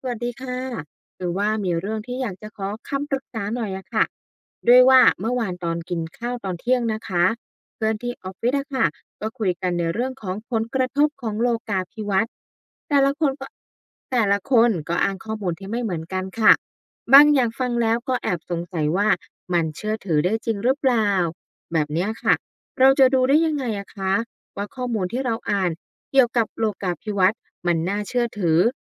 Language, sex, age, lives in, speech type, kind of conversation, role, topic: Thai, female, 35-39, Thailand, read, expert-assistant, asker, เราจะเชื่อถือข้อมูลและพัฒนาทักษะในยุคโลกาภิวัตน์ได้อย่างไร?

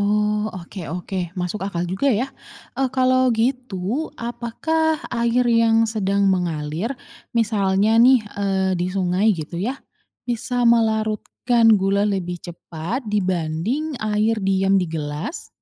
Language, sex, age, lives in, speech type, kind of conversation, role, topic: Indonesian, female, 30-34, Indonesia, read, expert-assistant, asker, Mengapa gula larut dalam air?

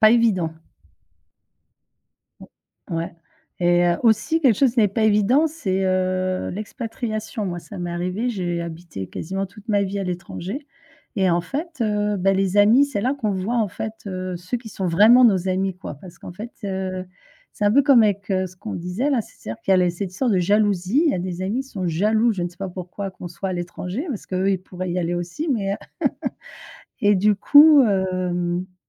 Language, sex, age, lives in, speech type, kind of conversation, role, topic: French, female, 50-54, France, conversation, unstructured, none, Comment réagis-tu face à un conflit avec un ami proche ?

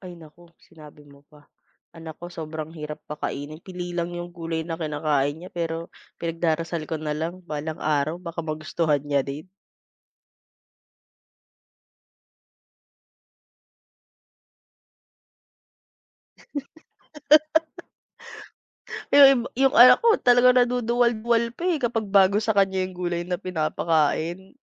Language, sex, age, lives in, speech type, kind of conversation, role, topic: Filipino, female, 25-29, Philippines, conversation, unstructured, none, Ano ang opinyon mo sa pagkain sa labas kumpara sa pagkain sa bahay?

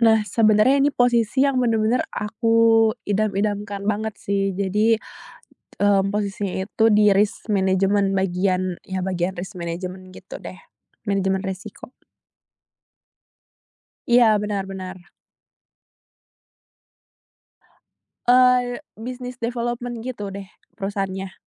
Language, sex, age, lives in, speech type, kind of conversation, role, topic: Indonesian, female, 20-24, Indonesia, conversation, podcast, guest, Bagaimana kamu belajar dari kegagalan tanpa kehilangan semangat?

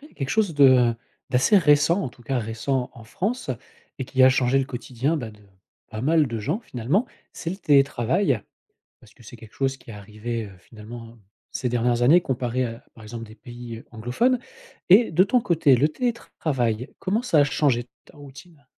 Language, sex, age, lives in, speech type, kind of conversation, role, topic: French, male, 40-44, France, conversation, podcast, host, Comment le télétravail a-t-il transformé ta routine au quotidien ?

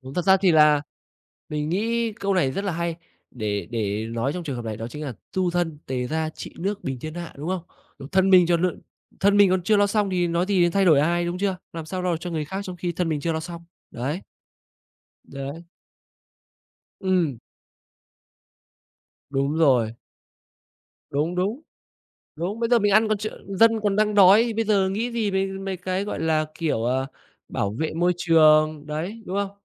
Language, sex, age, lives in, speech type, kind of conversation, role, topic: Vietnamese, male, 25-29, Vietnam, conversation, unstructured, none, Chính phủ có thể làm gì để bảo vệ môi trường tốt hơn?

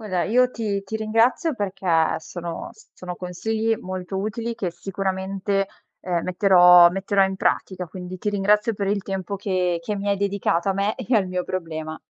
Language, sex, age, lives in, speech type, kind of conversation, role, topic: Italian, female, 25-29, Italy, conversation, advice, user, Come ci si può sentire quando ci si sente bloccati e senza uno scopo nella vita?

